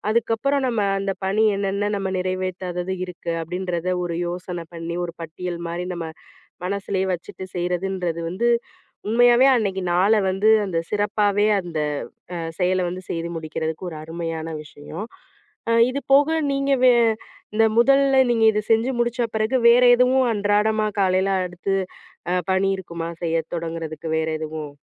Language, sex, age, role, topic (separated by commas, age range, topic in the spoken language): Tamil, female, 35-39, host, காலை எழுந்ததும் முதலில் நீங்கள் என்ன செய்கிறீர்கள்?